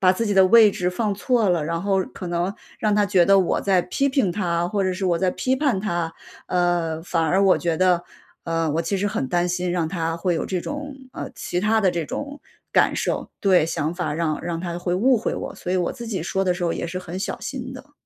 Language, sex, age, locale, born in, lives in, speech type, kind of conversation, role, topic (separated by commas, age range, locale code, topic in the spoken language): Chinese, female, 35-39, zh-CN, China, United States, conversation, advice, user, 我怎样才能在提出改进建议时既真诚又不伤害对方？